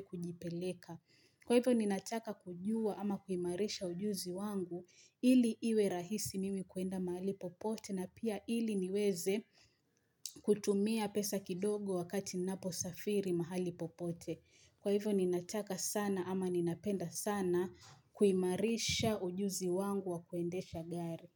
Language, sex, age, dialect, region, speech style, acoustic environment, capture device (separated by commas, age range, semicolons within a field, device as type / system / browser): Swahili, female, 25-34, Kenya - Mombasa, Kenya, happy, silence, mobile / Linux / Chrome